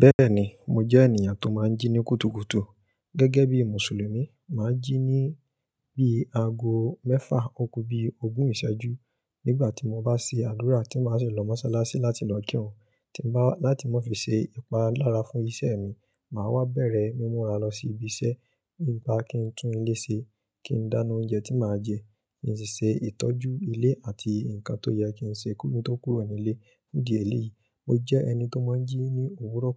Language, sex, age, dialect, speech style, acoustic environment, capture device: Yoruba, male, 25-34, Nigeria - Ibadan, happy, nature, mobile / Linux / Chrome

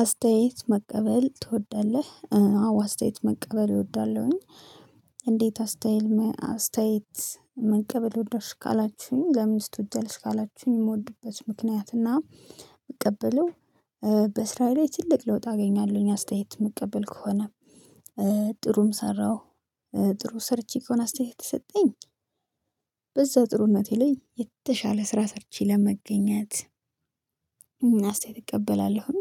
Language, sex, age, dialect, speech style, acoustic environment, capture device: Amharic, female, 25-34, Ethiopia - Addis Ababa, happy, silence, mobile / Linux / Chrome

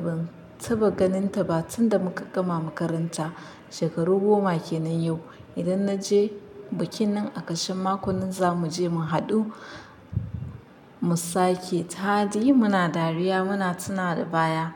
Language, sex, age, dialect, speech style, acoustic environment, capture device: Hausa, female, 25-34, Nigeria - Nigerian Standard, relaxed, silence, mobile / Linux / Chrome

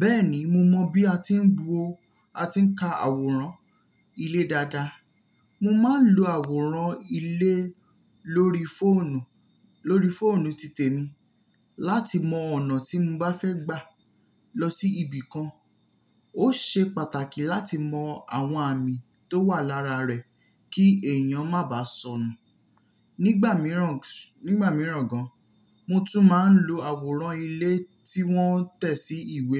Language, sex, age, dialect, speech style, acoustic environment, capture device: Yoruba, male, 18-24, Nigeria - Nigerian Standard, relaxed, silence, mobile / Linux / Chrome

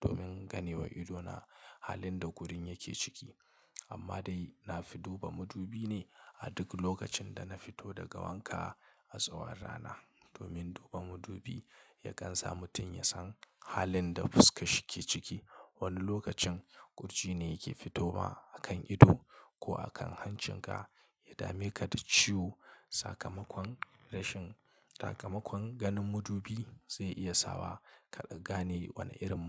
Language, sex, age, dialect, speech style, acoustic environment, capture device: Hausa, male, 25-34, Nigeria - Kano, relaxed, silence, mobile / Linux / Chrome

